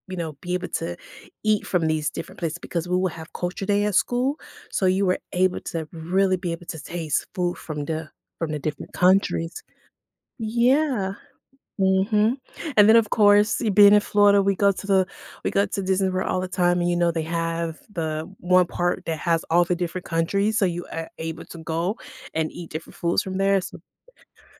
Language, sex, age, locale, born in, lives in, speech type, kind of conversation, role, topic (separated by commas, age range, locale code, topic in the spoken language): English, female, 45-49, en-US, United States, United States, conversation, podcast, guest, Why do shared meals have such a strong impact on our relationships and sense of community?